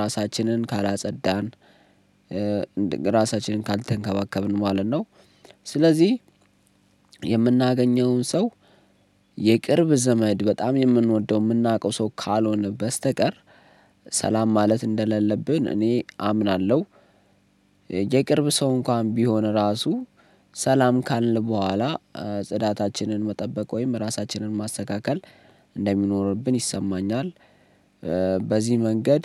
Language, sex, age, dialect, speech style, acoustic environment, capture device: Amharic, male, 25-34, Ethiopia - Addis Ababa, focused; excited, silence, mobile / Linux / Chrome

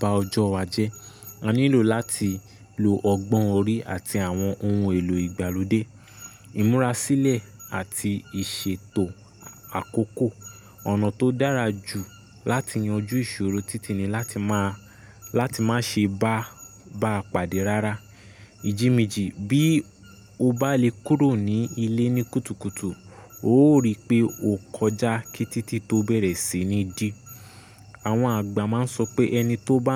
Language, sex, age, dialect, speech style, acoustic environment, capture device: Yoruba, male, 25-34, Nigeria - Nigerian Standard, focused, nature, mobile / Linux / Chrome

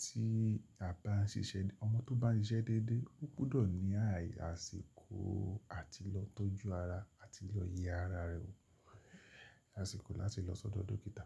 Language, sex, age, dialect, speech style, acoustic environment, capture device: Yoruba, male, 45-54, Nigeria - Lagos Yoruba, happy; relaxed; focused, silence, mobile / Linux / Chrome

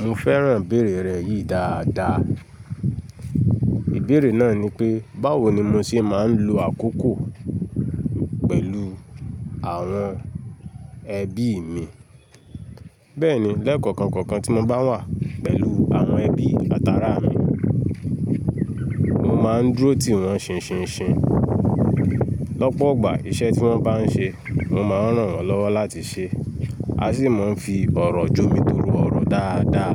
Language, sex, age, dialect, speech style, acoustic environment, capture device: Yoruba, male, 25-34, Nigeria - Lagos Yoruba, relaxed, people talking; nature, mobile / Linux / Chrome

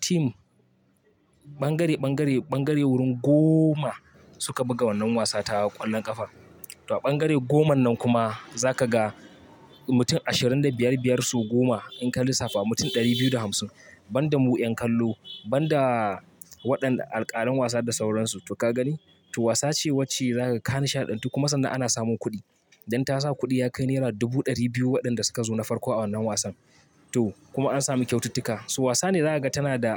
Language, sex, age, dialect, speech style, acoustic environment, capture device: Hausa, male, 25-34, Nigeria - Kano, focused, traffic, mobile / Linux / Chrome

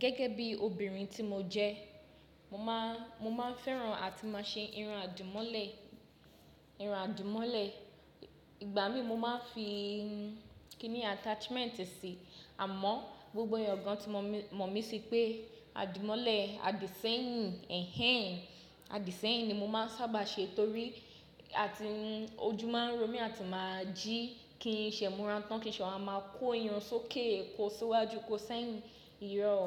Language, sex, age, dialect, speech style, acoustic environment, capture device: Yoruba, female, 25-34, Nigeria - Lagos Yoruba, happy; excited, people talking; silence, mobile / Linux / Chrome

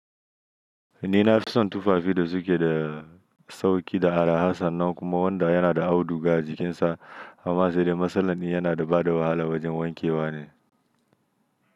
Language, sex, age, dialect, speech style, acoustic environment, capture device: Hausa, male, 25-34, Nigeria - Nigerian Standard, happy, silence, mobile / Linux / Chrome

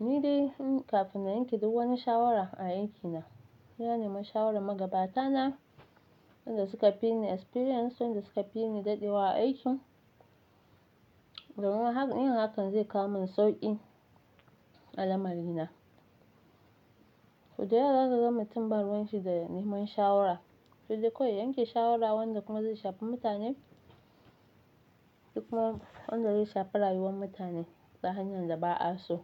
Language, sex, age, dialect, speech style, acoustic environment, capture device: Hausa, female, 25-34, Nigeria - Nigerian Standard, relaxed; happy; excited; focused, silence; music, mobile / Linux / Chrome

